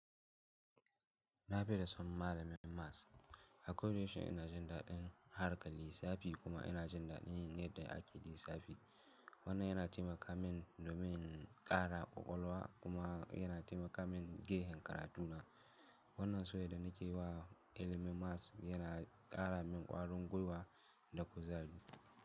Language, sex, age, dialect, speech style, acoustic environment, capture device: Hausa, male, 18-24, Nigeria - Kano, excited; focused; happy, silence, mobile / Linux / Chrome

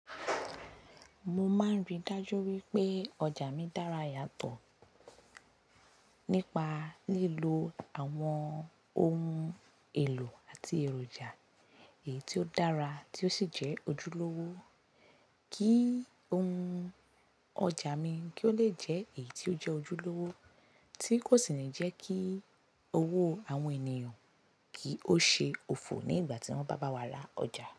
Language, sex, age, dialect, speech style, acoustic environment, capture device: Yoruba, female, 25-34, Nigeria - Lagos Yoruba, relaxed, nature, mobile / Linux / Chrome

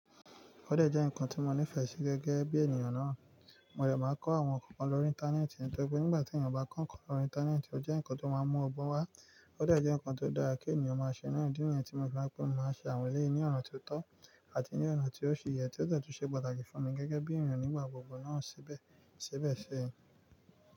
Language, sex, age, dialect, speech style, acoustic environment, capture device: Yoruba, male, 25-34, Nigeria - Nigerian Standard, excited, nature, mobile / Linux / Chrome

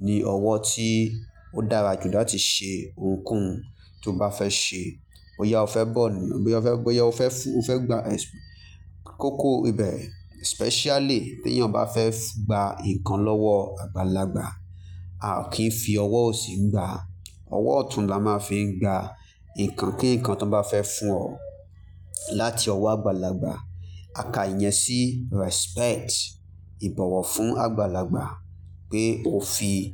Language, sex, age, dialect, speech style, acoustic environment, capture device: Yoruba, male, 25-34, Nigeria - Lagos Yoruba, focused; excited, nature, mobile / Linux / Chrome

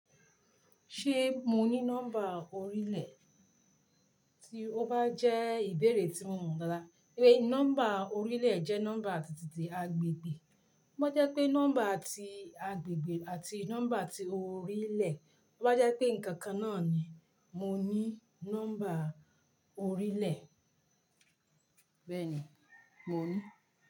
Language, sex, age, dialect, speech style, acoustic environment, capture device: Yoruba, female, 45-54, Nigeria - Ibadan, focused, silence; nature, mobile / Linux / Chrome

